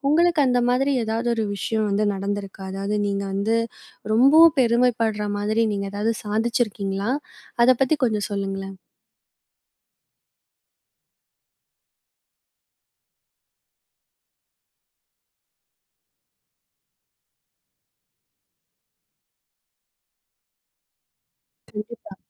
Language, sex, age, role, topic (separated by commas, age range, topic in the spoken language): Tamil, female, 20-24, host, உங்களுக்கு மிகவும் பெருமை கொடுத்த ஒரு சாதனை பற்றி சொல்லுங்க?